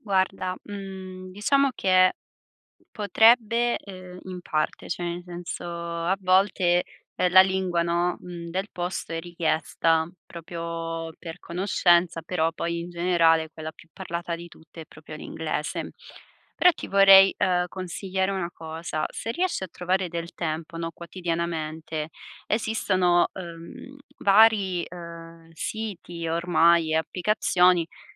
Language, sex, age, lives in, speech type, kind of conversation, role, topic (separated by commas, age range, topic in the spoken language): Italian, female, 25-29, Italy, conversation, advice, advisor, Quali difficoltà con la lingua locale ostacolano la tua vita quotidiana?